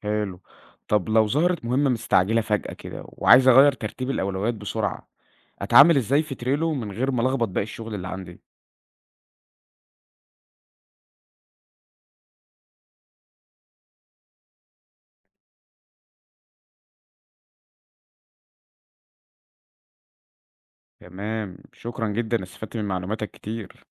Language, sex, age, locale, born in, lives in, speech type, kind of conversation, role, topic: Arabic, male, 20-24, ar-EG, Egypt, Egypt, read, expert-assistant, asker, إزاي أقدر أنظم كل منصاتي الرقمية (زي فيسبوك، إنستجرام، تويتر) بحيث أبقى متابع كل حاجة من غير ما أحس بتشتت؟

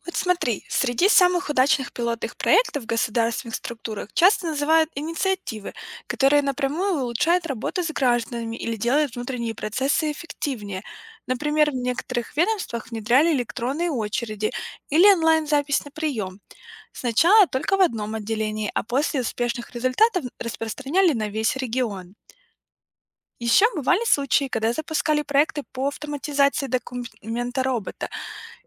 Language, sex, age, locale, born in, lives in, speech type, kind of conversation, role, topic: Russian, female, 25-29, ru-RU, Ukraine, United States, read, expert-assistant, expert, Чем отличается работа в государственной структуре от работы в частной компании?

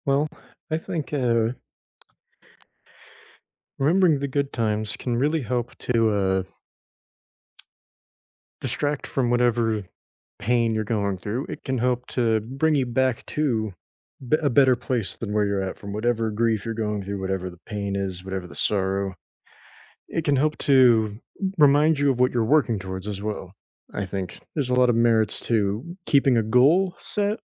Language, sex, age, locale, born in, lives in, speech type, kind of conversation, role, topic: English, male, 20-24, en-US, United States, United States, conversation, unstructured, none, How can remembering the good times help heal sorrow?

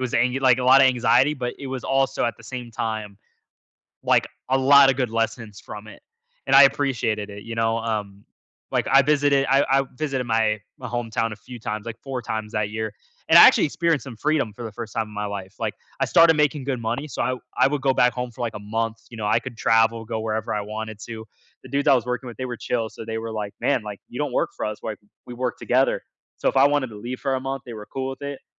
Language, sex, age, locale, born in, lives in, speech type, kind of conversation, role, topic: English, male, 20-24, en-US, United States, United States, conversation, podcast, guest, Can you share a time you felt out of your comfort zone?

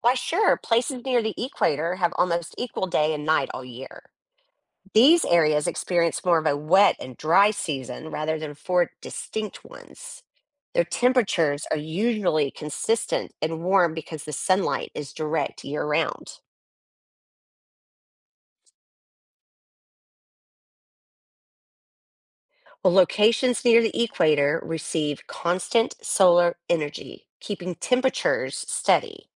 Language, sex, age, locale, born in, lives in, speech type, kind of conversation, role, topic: English, female, 45-49, en-US, United States, United States, read, expert-assistant, expert, How do the seasons change throughout the year?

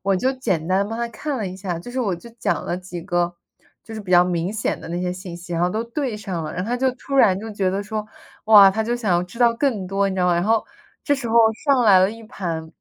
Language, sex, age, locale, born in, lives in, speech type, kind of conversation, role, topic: Chinese, female, 25-29, zh-CN, United States, United States, conversation, unstructured, none, 你更喜欢在家吃饭，还是去餐厅用餐？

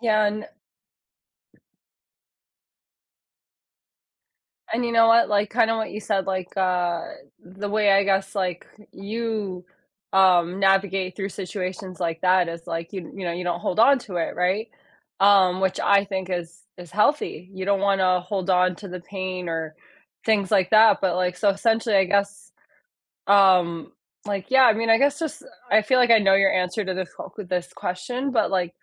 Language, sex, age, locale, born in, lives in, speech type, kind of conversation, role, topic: English, female, 30-34, en-US, United States, United States, conversation, unstructured, none, What do you think is the hardest thing to forgive?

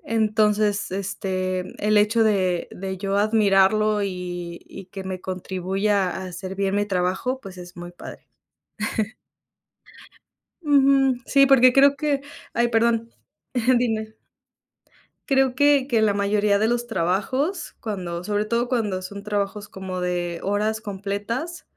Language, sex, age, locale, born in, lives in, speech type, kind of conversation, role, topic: Spanish, female, 25-29, es-MX, Mexico, Mexico, conversation, unstructured, none, ¿En qué momento te sientes más feliz en tu trabajo?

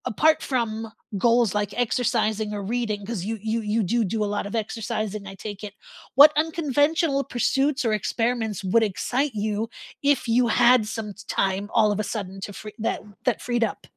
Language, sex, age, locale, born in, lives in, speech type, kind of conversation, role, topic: English, female, 50-54, en-US, United States, United States, conversation, unstructured, none, If you cleared your schedule of nonessential commitments, what would you make time for over the next year?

